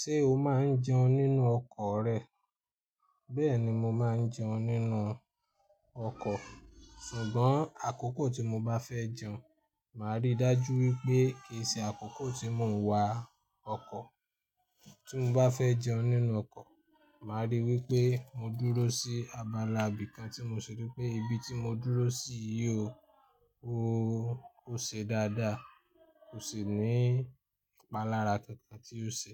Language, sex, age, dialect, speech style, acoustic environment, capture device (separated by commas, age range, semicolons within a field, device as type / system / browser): Yoruba, male, 25-34, Nigeria - Ibadan, happy; anxious; nervous; excited, people talking; construction; traffic; music, desktop / Linux / Chrome